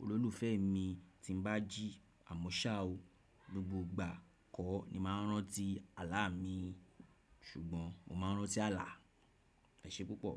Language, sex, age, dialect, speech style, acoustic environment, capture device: Yoruba, male, 35-44, Nigeria - Nigerian Standard, relaxed, silence, desktop / Windows / Chrome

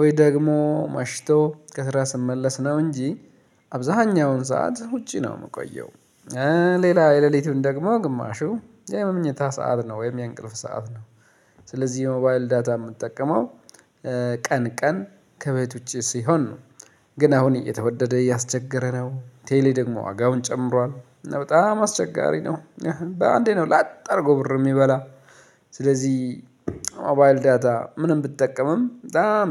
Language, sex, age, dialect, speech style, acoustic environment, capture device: Amharic, male, 35-44, Ethiopia - Addis Ababa, relaxed; focused, silence, mobile / Linux / Chrome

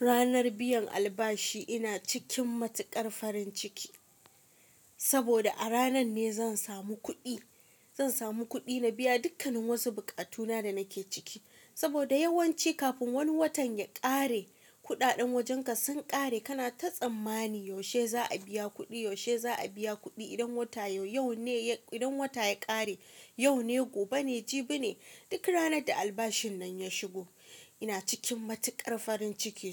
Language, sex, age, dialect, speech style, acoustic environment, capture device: Hausa, female, 25-34, Nigeria - Kano, happy, silence; nature, mobile / Linux / Chrome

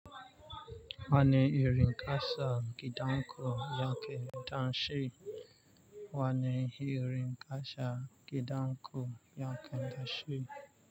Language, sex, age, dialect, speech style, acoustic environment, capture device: Hausa, male, 25-34, Nigeria - Nigerian Standard, focused, nature, mobile / Linux / Chrome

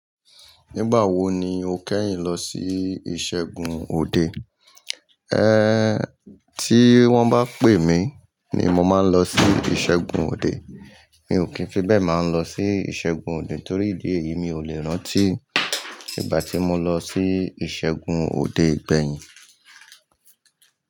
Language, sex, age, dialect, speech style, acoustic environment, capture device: Yoruba, male, 45-54, Nigeria - Lagos Yoruba, tired; relaxed, people talking, mobile / Linux / Chrome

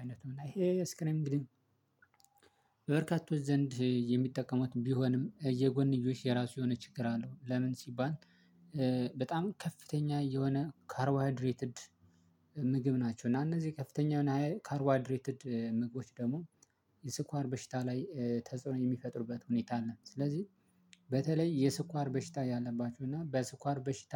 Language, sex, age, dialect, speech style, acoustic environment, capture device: Amharic, male, 25-34, Ethiopia - Addis Ababa, happy, silence, mobile / Linux / Chrome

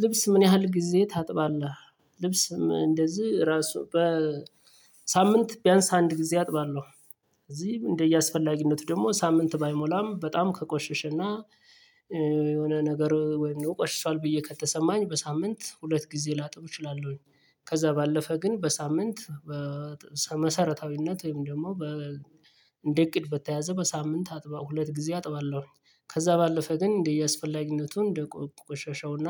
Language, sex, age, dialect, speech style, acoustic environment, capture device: Amharic, male, 35-44, Ethiopia - Gojjam, happy, traffic, mobile / Linux / Chrome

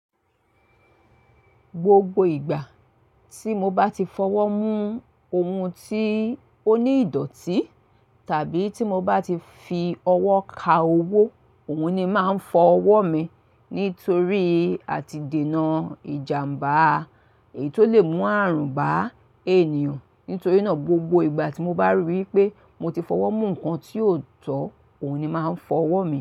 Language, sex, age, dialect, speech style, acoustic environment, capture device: Yoruba, female, 45-54, Nigeria - Lagos Yoruba, excited, silence, mobile / Linux / Chrome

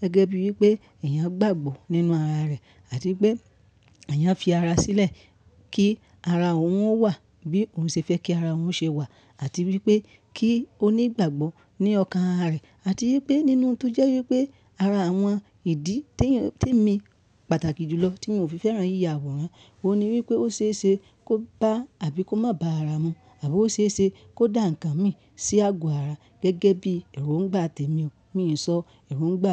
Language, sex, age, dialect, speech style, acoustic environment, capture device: Yoruba, male, 25-34, Nigeria - Ibadan, happy; excited; relaxed, nature, mobile / Linux / Chrome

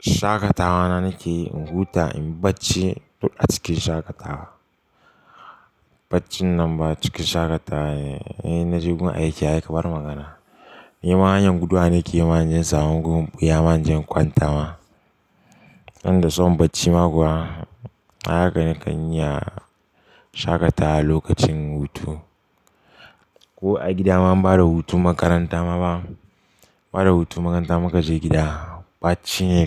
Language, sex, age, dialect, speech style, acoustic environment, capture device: Hausa, male, 18-24, Nigeria - Pidgin-influenced, excited; relaxed, silence; appliances, desktop / Linux / Chrome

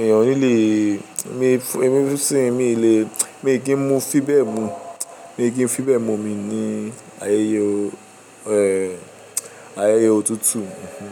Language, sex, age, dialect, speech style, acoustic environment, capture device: Yoruba, male, 18-24, Nigeria - Lagos, tired, appliances; music; nature; people talking, mobile / Linux / Chrome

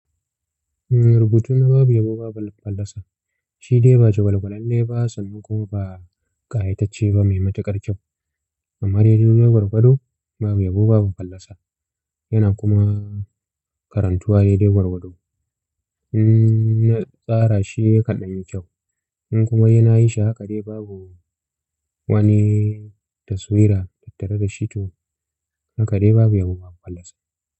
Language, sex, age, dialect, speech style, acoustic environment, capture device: Hausa, male, 25-34, Nigeria - Kano, relaxed, silence, mobile / Linux / Chrome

